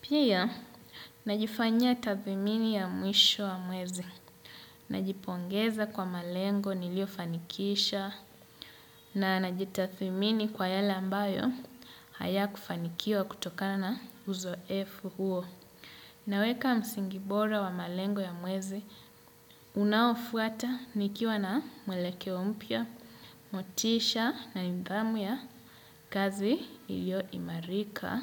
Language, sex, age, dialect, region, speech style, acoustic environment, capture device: Swahili, male, 25-34, Kenya - Mombasa, Kenya, happy, silence, desktop / Windows / Chrome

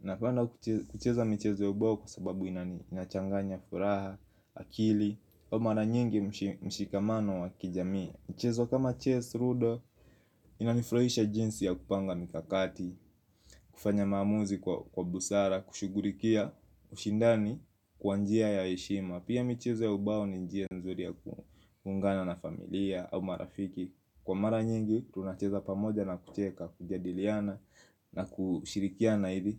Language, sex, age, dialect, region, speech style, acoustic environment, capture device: Swahili, male, 25-34, Kenya - Nairobi Sheng-influenced, Kenya, happy; focused, music; silence, mobile / Linux / Chrome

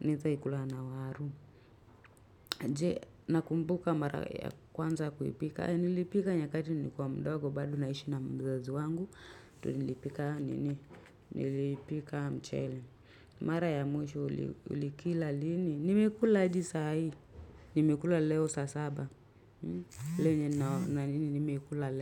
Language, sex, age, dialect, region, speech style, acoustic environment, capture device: Swahili, female, 25-34, Kenya - Nairobi Sheng-influenced, Kenya, happy, silence, mobile / Linux / Chrome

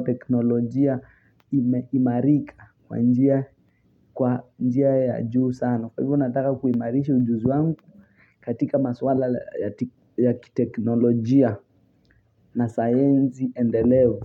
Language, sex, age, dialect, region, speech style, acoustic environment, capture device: Swahili, male, 18-24, Kenya - Mombasa, Kenya, focused; relaxed, people talking, mobile / Linux / Chrome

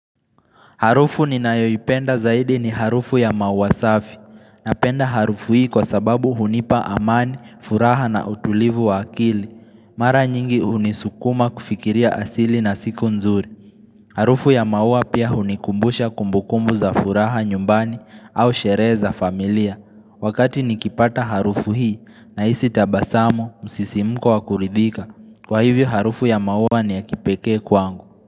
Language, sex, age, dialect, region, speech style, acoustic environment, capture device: Swahili, male, 18-24, Kenya - Nairobi Sheng-influenced, Kenya, focused, people talking; music; nature; silence; traffic; construction; appliances, mobile / Linux / Chrome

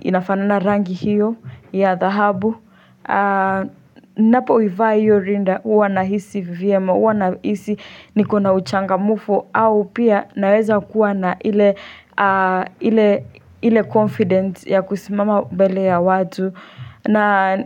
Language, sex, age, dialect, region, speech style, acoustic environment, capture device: Swahili, female, 18-24, Kenya - Nairobi Sheng-influenced, Kenya, happy, nature, desktop / Linux / Chrome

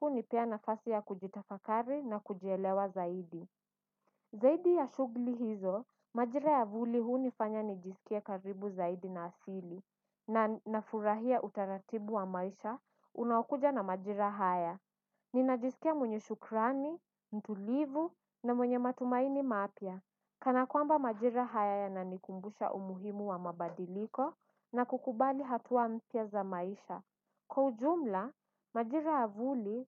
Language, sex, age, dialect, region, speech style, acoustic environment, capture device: Swahili, female, 18-24, Kenya - Mombasa, Kenya, focused, nature, desktop / Windows / Edge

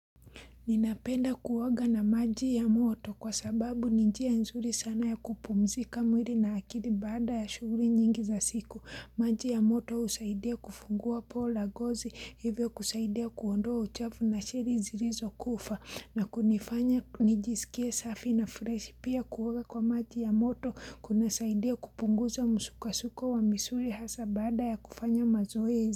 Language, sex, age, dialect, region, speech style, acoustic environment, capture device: Swahili, female, 25-34, Kenya - Mombasa, Kenya, happy, silence, mobile / Linux / Chrome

